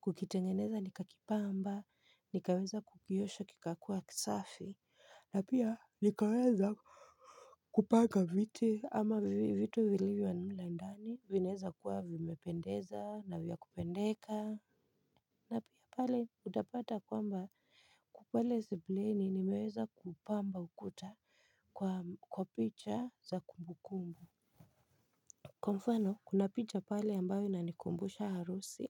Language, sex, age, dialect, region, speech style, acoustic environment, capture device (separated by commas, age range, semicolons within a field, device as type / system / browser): Swahili, female, 25-34, Kenya - Nairobi Sheng-influenced, Kenya, excited; focused, silence, mobile / Linux / Chrome